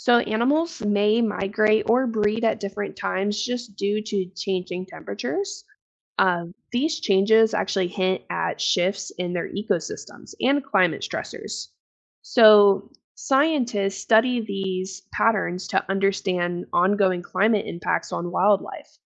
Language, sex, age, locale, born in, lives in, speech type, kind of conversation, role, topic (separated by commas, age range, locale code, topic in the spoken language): English, female, 25-29, en-US, United States, United States, read, expert-assistant, expert, How do scientists track climate change over time?